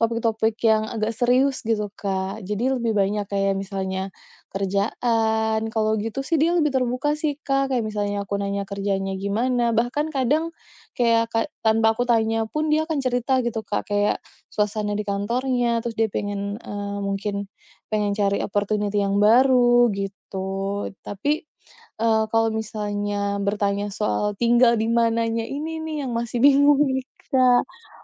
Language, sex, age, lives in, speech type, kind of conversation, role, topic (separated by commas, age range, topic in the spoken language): Indonesian, female, 30-34, Indonesia, conversation, advice, user, Bagaimana cara berkomunikasi dengan pasangan tanpa membuatnya merasa diserang?